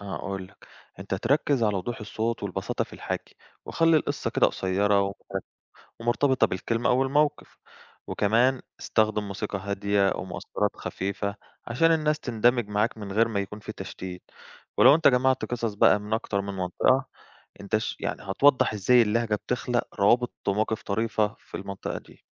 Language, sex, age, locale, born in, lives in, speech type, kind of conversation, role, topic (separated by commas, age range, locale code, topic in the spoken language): Arabic, male, 25-29, ar-EG, Egypt, Greece, read, expert-assistant, expert, لو بفكر أعمل مشروع صغير عن مقارنة لهجتنا مع لهجات تانية، أبدأ إزاي وأنظمها إزاي؟